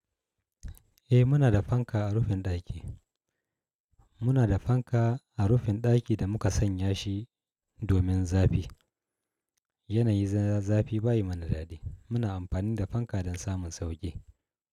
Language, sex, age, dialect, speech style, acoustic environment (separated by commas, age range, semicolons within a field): Hausa, male, 35-44, Nigeria - Kano, happy, appliances